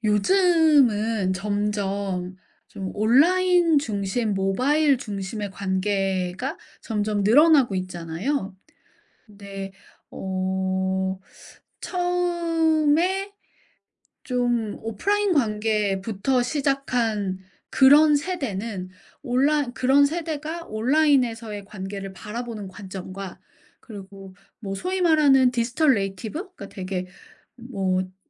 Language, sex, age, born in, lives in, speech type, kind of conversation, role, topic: Korean, female, 40-44, South Korea, United States, conversation, podcast, host, 온라인 우정과 오프라인 우정은 어떻게 다른가요?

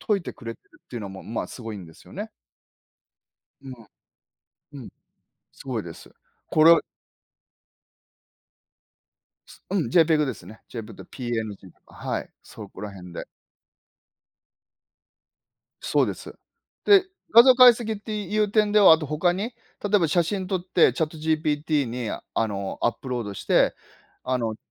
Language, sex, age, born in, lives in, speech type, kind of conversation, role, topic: Japanese, male, 50-54, Japan, Japan, conversation, unstructured, none, 未来の科学技術で特に楽しみにしていることはありますか？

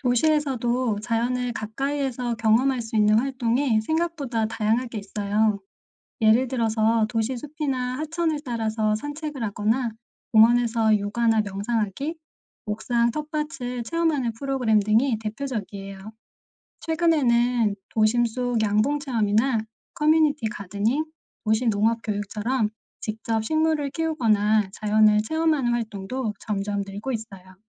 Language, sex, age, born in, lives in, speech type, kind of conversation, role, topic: Korean, female, 45-49, South Korea, United States, read, expert-assistant, expert, 도시에서 자연을 가까이 느낄 수 있는 방법이 있을까요?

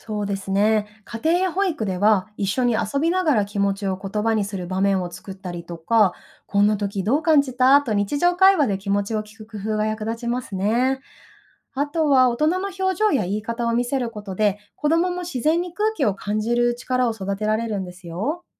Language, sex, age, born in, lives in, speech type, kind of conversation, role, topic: Japanese, female, 30-34, Japan, Japan, read, expert-assistant, expert, もし親以外の大人（例えばAIやロボットなど）が子どもの主要な養育者になったら、発達にどんな影響があると思いますか？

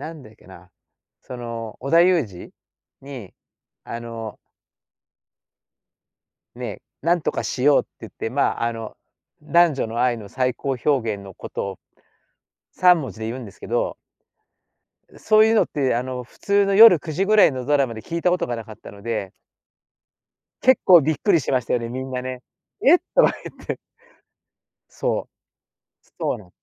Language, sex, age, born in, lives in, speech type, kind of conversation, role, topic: Japanese, male, 60-64, Japan, Japan, conversation, podcast, guest, ドラマや映画で心に残っている曲は何ですか？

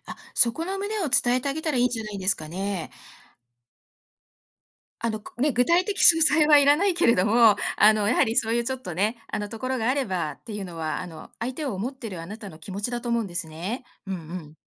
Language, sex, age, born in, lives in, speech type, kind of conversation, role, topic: Japanese, female, 55-59, Japan, United States, conversation, advice, advisor, 感情的な衝突を落ち着かせるにはどうすればいいですか？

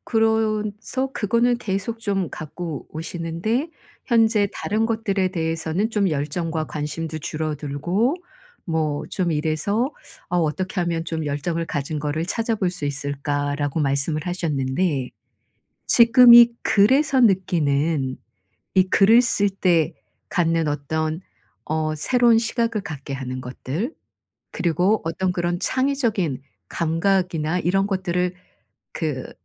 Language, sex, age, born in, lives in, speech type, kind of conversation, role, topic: Korean, female, 55-59, South Korea, South Korea, conversation, advice, advisor, 열정과 관심사를 찾기 어려울 때, 어떻게 하면 진짜 열정과 관심사를 찾을 수 있을까요?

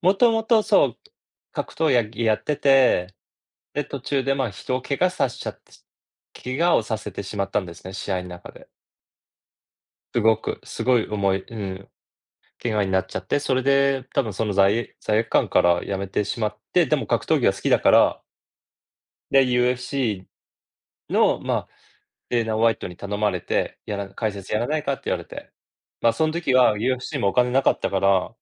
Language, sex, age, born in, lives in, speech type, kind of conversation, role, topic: Japanese, male, 40-44, Japan, United States, conversation, unstructured, none, 好きなスポーツは何ですか？理由も教えてください？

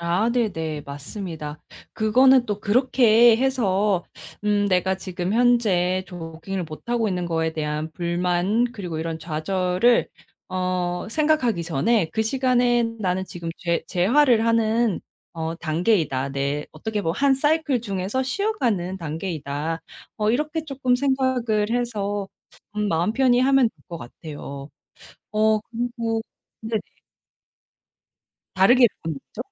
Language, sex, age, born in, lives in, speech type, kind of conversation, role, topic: Korean, female, 30-34, South Korea, United States, conversation, advice, user, 습관 추적을 하면서 번갈아 느끼는 성취감과 좌절감을 어떻게 다뤄야 할까요?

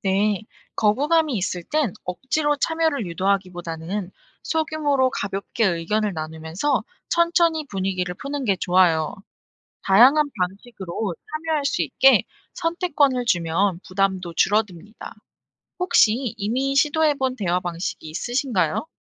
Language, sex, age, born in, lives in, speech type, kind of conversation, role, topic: Korean, female, 25-29, South Korea, South Korea, read, expert-assistant, expert, 사회 불평등을 설명할 때 사용할 수 있는 일상적인 비유나 사례에는 어떤 것들이 있을까요?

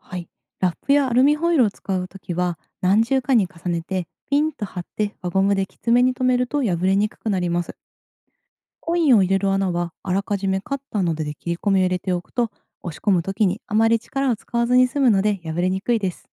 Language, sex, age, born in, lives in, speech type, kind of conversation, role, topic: Japanese, female, 30-34, Japan, Japan, read, expert-assistant, expert, 空き瓶で作る貯金箱の作り方を説明してください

